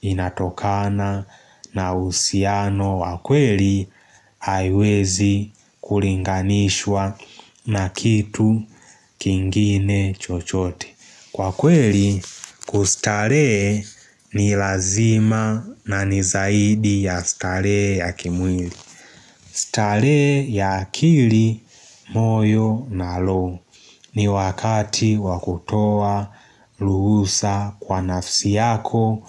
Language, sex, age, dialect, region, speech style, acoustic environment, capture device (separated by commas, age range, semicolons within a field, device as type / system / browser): Swahili, male, 18-24, Tanzania - Dar es Salaam, Tanzania, relaxed; focused; excited, nature; silence, mobile / Linux / Chrome